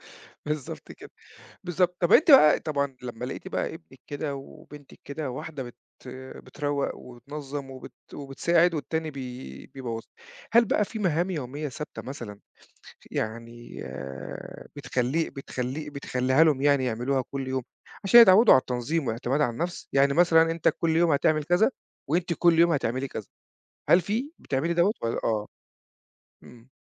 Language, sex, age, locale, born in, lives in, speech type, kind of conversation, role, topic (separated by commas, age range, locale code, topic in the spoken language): Arabic, male, 40-44, ar-EG, Egypt, Portugal, conversation, podcast, host, إزاي بتعلّموا ولادكم يعتمدوا على نفسهم؟